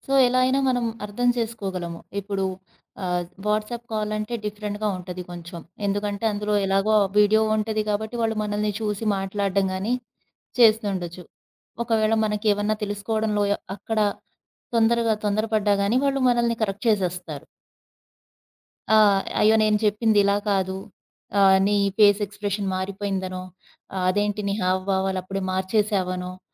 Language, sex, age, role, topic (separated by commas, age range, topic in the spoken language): Telugu, female, 35-39, host, మొబైల్‌ఫోన్ల వాడకం వల్ల ముఖాముఖి సంభాషణలో ఏలాంటి మార్పులు వస్తాయి?